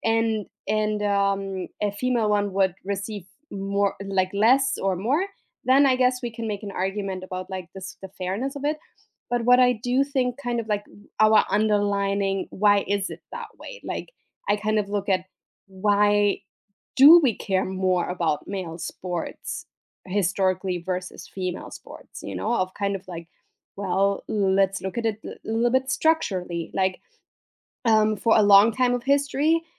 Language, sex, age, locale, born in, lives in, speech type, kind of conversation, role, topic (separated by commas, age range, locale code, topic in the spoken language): English, female, 35-39, en-DE, Germany, United States, conversation, unstructured, none, How do you feel about the treatment of female athletes compared to male athletes?